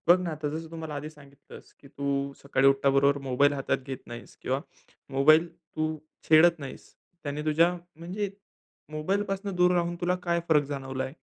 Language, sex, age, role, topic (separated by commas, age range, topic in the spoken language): Marathi, male, 20-24, host, तुम्ही दिवसभरात तणाव कमी करण्यासाठी कोणती छोटी सवय करता?